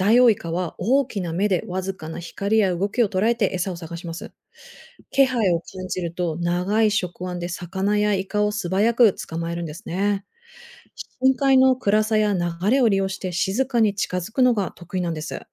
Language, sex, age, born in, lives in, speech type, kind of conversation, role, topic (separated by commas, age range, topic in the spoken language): Japanese, female, 35-39, Japan, United States, read, expert-assistant, expert, 海の生き物についてもっと深く知るには、どんなステップから始めるとよいですか？